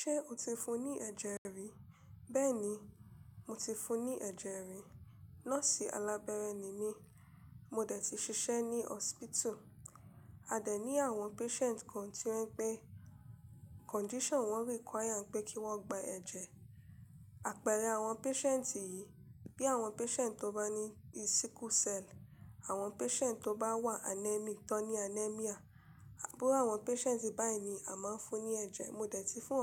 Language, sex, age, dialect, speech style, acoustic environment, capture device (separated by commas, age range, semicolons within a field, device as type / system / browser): Yoruba, female, 25-34, Nigeria - Lagos Yoruba, relaxed, silence, mobile / Linux / Chrome